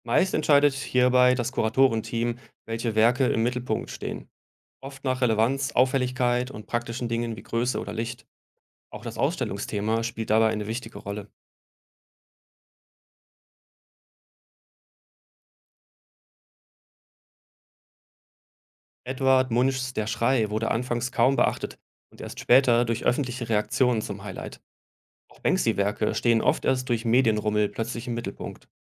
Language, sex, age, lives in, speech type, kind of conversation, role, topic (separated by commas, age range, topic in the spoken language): German, male, 35-39, Germany, read, expert-assistant, expert, Wie erkennt man, ob eine begeisterte Kunstkritik wirklich fundiert ist oder nur Werbung für den Künstler?